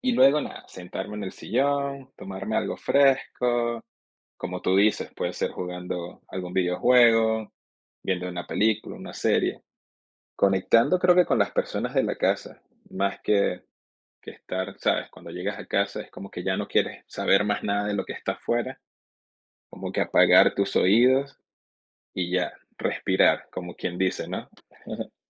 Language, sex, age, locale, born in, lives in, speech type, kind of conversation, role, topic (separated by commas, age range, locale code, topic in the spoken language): Spanish, male, 30-34, es-VE, Venezuela, United States, conversation, unstructured, none, ¿Cuál es tu forma favorita de desconectar después de un día largo?